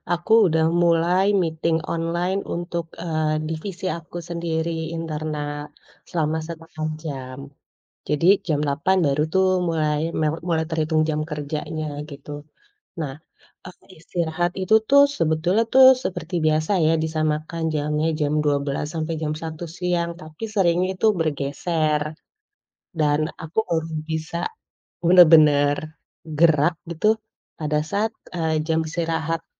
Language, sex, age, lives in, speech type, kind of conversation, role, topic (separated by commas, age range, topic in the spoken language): Indonesian, female, 35-39, Indonesia, conversation, advice, user, Bagaimana rutinitas kerja tanpa jeda istirahat membuat Anda kelelahan?